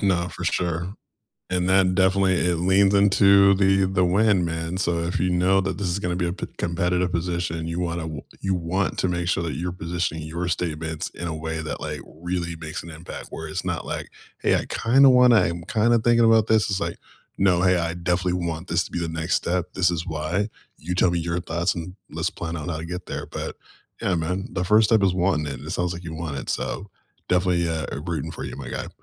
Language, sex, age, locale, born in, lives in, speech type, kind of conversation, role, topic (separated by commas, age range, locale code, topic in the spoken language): English, male, 35-39, en-US, United States, United States, conversation, unstructured, none, If you cleared your calendar, what would you add to make next year feel meaningful?